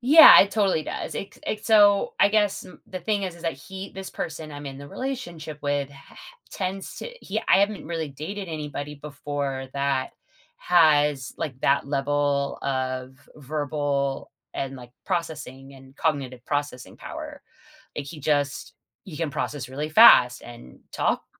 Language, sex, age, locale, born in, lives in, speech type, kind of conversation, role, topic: English, female, 40-44, en-US, United States, United States, conversation, advice, user, How can I improve communication in my relationship?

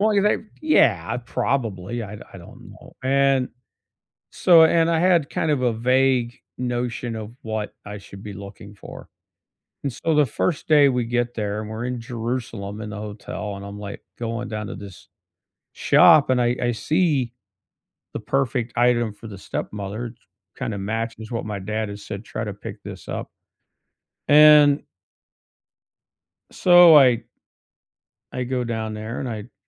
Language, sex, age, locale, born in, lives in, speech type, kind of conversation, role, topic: English, male, 55-59, en-US, United States, United States, conversation, unstructured, none, What travel memory still makes you smile, and what small moments made it so special?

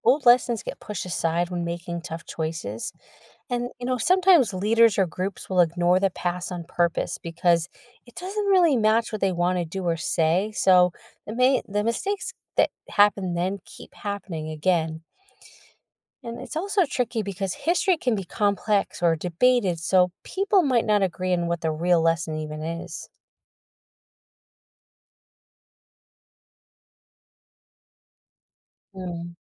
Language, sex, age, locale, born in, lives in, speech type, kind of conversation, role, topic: English, female, 40-44, en-US, United States, United States, read, expert-assistant, expert, How does studying history clarify current events?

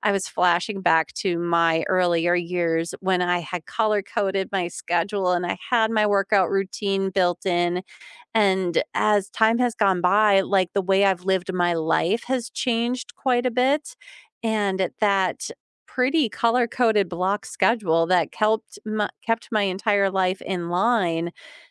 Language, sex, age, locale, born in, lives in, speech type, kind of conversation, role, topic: English, female, 40-44, en-US, United States, United States, conversation, unstructured, none, How does regular exercise affect your mood or stress levels?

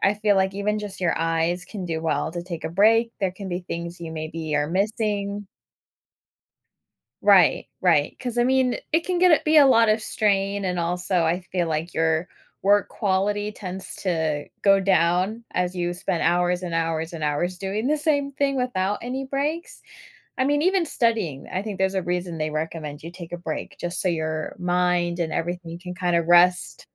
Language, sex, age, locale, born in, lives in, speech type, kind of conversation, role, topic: English, female, 25-29, en-US, United States, United States, conversation, podcast, guest, What habits or routines help you maintain a healthy balance between work and personal life?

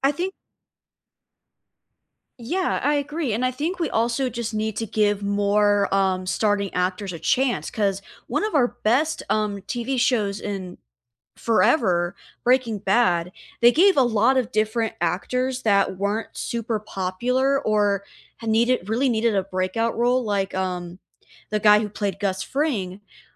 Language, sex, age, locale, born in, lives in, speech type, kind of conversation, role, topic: English, female, 20-24, en-US, United States, United States, conversation, unstructured, none, What is your go-to comfort movie or TV show, and why?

